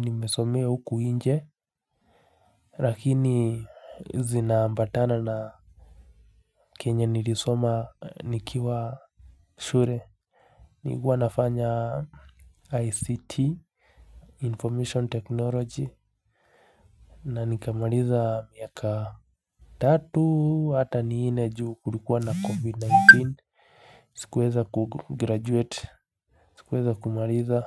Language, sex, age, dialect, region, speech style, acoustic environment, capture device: Swahili, male, 25-34, Kenya - Nairobi Sheng-influenced, Kenya, relaxed, nature; silence, mobile / Linux / Chrome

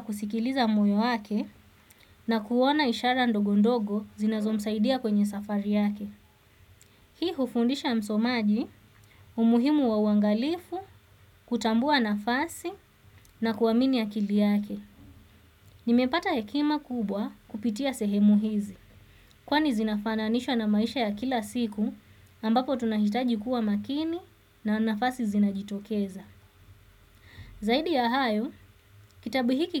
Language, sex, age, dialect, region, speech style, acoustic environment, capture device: Swahili, female, 25-34, Kenya - Mombasa, Kenya, focused, silence, desktop / Windows / Chrome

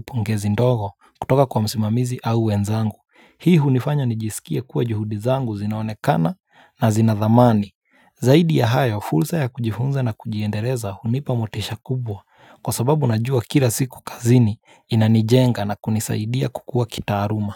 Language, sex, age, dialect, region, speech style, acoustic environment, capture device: Swahili, male, 25-34, Kenya - Mombasa, Kenya, relaxed, silence, mobile / Linux / Chrome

